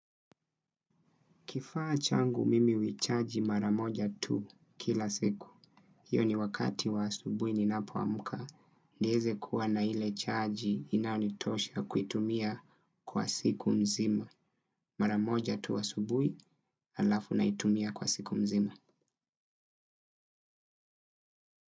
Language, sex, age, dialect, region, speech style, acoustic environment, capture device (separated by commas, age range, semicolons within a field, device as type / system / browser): Swahili, male, 25-34, Kenya - Mombasa, Kenya, focused, silence, desktop / Windows / Chrome